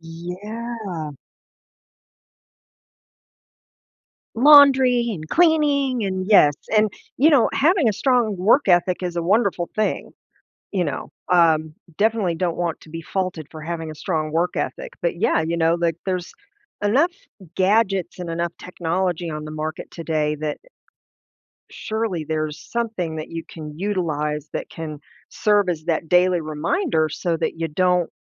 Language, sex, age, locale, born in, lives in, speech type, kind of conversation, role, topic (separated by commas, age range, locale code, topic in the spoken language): English, female, 50-54, en-US, United States, United States, conversation, advice, advisor, How can I better balance work and life?